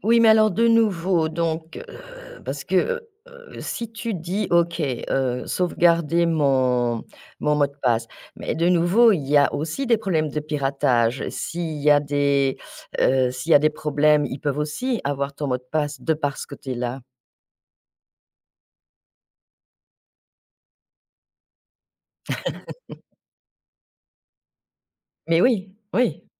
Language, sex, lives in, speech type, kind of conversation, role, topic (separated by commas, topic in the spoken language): French, female, France, conversation, podcast, host, Comment protèges-tu ta vie privée en ligne ?